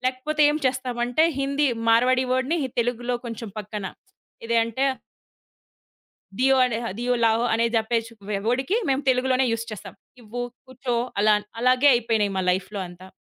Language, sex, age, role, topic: Telugu, female, 30-34, guest, భాష మారినప్పుడు మీకు ఎలాంటి భావాలు కలిగాయి?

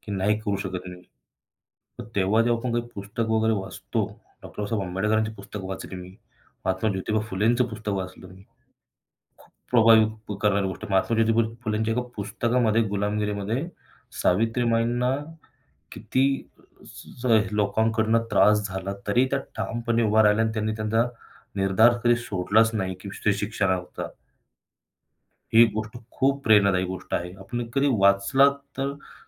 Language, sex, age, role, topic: Marathi, male, 25-29, guest, पूर्वजांच्या प्रवासाच्या कथांचा आपल्या आयुष्यावर किती प्रभाव पडतो?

